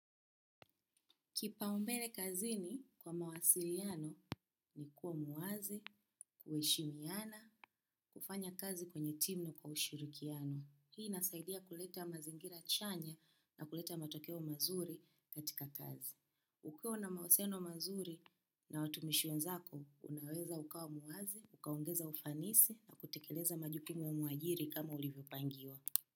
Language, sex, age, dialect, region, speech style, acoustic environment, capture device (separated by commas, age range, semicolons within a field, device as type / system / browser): Swahili, female, 25-34, Kenya - Nairobi Sheng-influenced, Kenya, happy; relaxed; focused; excited, silence, desktop / macOS / Chrome